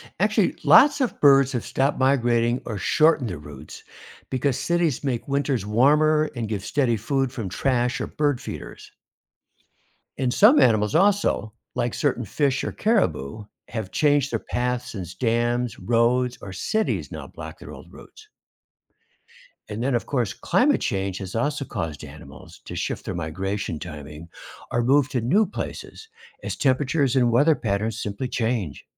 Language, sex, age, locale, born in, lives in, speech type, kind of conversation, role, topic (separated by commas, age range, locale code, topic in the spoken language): English, male, 70-74, en-US, United States, United States, read, expert-assistant, expert, Why do some animals migrate long distances?